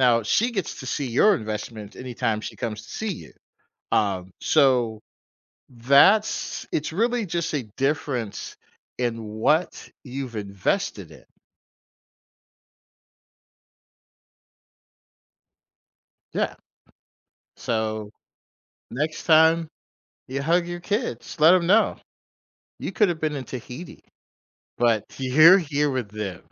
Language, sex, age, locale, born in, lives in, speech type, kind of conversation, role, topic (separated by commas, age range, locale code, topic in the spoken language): English, male, 45-49, en-US, United States, United States, conversation, advice, advisor, How can I cope with fOMO while my friend travels the world?